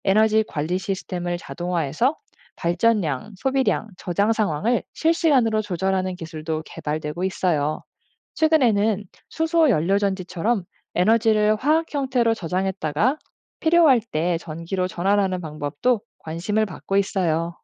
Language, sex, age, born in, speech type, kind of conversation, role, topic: Korean, female, 35-39, South Korea, read, expert-assistant, expert, 화성에서 인간이 살아남으려면 어떤 기술이 필요할까요?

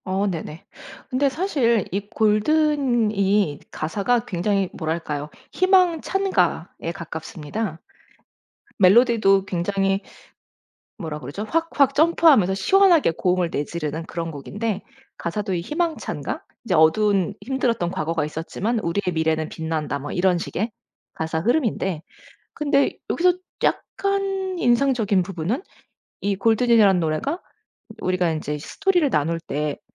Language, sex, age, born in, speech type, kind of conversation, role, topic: Korean, female, 40-44, United States, conversation, podcast, guest, OST나 배경음악 가운데 특히 기억에 남는 곡이 있으신가요?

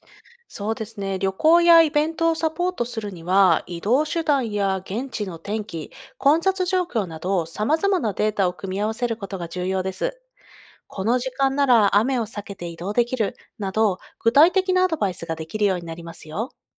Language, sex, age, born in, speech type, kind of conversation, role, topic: Japanese, female, 30-34, Japan, read, expert-assistant, expert, 天気アプリやサービスが今後もっと進化するとしたら、どんな機能があったら面白いでしょうか？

